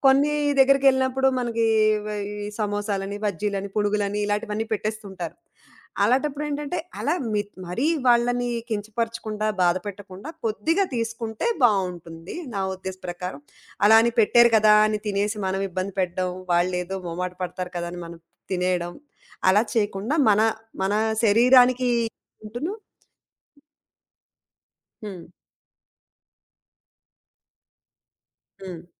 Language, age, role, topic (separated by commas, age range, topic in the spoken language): Telugu, 35-39, guest, మీ ఇంటికి వచ్చిన అతిథులను మీరు సాధారణంగా ఎలా ఆదరిస్తారు?